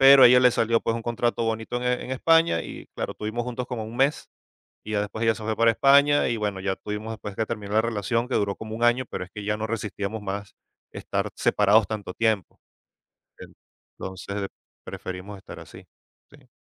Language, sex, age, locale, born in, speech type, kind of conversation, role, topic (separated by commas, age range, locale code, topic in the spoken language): Spanish, male, 45-49, es-VE, Venezuela, conversation, podcast, guest, ¿Qué tema elegirías para una película sobre tu vida?